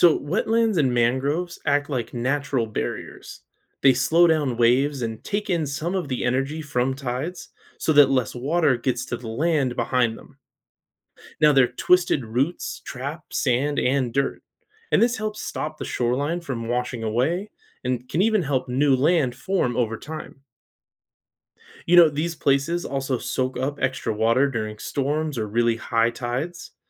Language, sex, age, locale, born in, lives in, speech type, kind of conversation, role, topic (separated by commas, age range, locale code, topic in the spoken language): English, male, 35-39, en-US, United States, United States, read, expert-assistant, expert, How do tides shape coastal environments and affect people and nature?